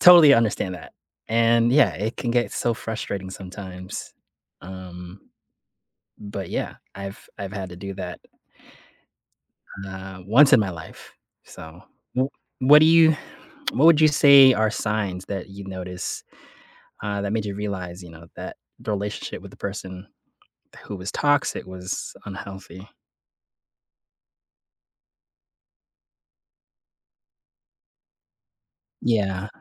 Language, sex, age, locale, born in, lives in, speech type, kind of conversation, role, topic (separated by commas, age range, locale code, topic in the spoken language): English, male, 30-34, en-US, Philippines, United States, conversation, unstructured, none, How do you recognize and deal with unhealthy relationships in your life?